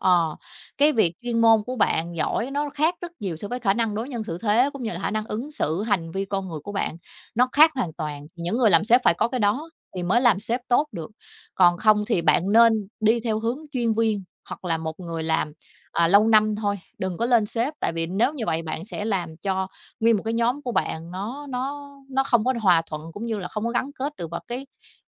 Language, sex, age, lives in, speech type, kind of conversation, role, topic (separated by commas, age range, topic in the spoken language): Vietnamese, female, 30-34, Vietnam, conversation, podcast, guest, Khi nào nên chuyển việc và khi nào nên ở lại công việc hiện tại?